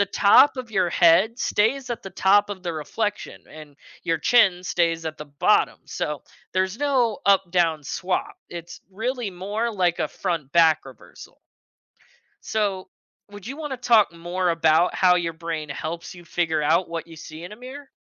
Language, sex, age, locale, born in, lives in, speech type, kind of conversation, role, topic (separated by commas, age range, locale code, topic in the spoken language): English, male, 20-24, en-US, United States, United States, read, expert-assistant, expert, Why do mirrors reflect our image back to us?